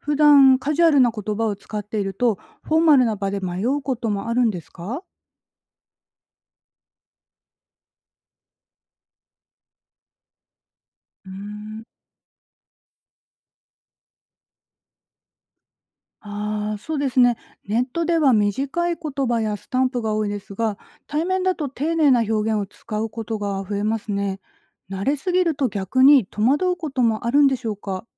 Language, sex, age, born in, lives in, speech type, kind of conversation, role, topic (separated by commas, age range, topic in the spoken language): Japanese, female, 40-44, Japan, Japan, read, expert-assistant, asker, テレビやネットの影響で、私たちの言葉づかいはどう変わるのでしょうか？